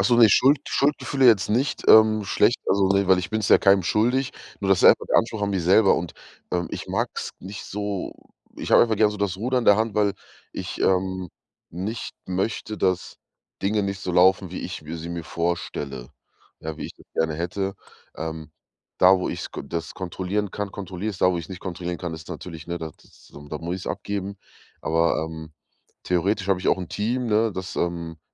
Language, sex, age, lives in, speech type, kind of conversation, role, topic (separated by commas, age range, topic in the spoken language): German, male, 30-34, Germany, conversation, advice, user, Wie kann ich trotz Arbeit und Familie genug Zeit für Fitness finden?